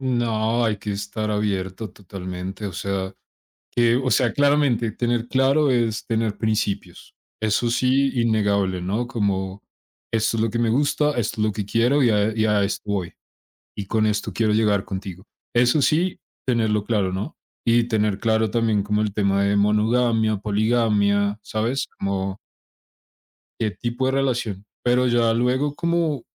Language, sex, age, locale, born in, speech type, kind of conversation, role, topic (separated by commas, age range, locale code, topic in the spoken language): Spanish, male, 25-29, es-CO, Colombia, conversation, unstructured, none, ¿Has tenido alguna sorpresa inesperada en el amor?